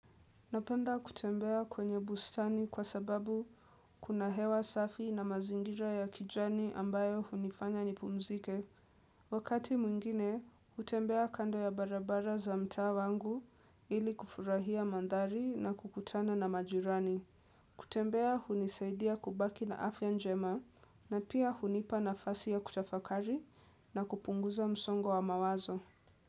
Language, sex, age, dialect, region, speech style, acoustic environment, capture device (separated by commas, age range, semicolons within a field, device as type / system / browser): Swahili, female, 18-24, Kenya - Nairobi Sheng-influenced, Kenya, relaxed, nature, desktop / Windows / Edge